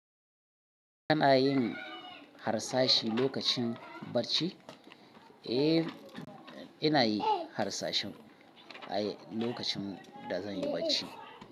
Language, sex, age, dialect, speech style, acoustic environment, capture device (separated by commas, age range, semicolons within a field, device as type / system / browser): Hausa, male, 35-44, Nigeria - Kano, tired, people talking, mobile / Linux / Chrome